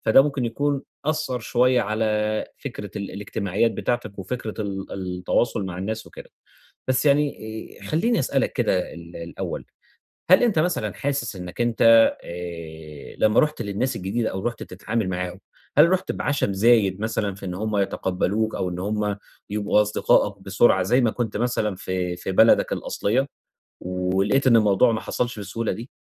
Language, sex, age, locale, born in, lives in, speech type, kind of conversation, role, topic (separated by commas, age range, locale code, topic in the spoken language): Arabic, male, 30-34, ar-EG, Egypt, Egypt, conversation, advice, advisor, إزاي أقدر أبني ثقتي بنفسي وأتكلم براحة مع الناس في المواقف اليومية؟